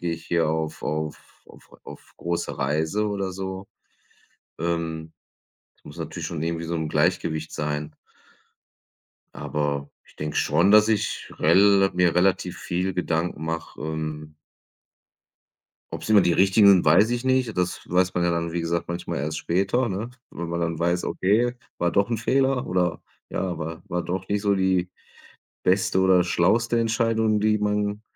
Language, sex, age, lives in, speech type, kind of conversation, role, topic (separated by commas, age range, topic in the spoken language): German, male, 35-39, Germany, conversation, unstructured, none, Was hast du aus einem großen Fehler in deinem Leben gelernt?